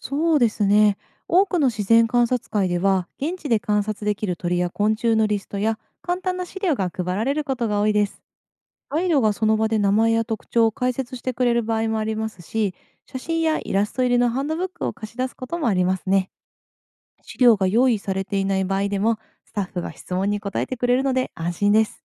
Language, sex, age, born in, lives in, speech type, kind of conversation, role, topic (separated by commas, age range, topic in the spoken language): Japanese, female, 30-34, Japan, Japan, read, expert-assistant, expert, 初心者でも環境科学を身近に学ぶにはどうすればよいですか？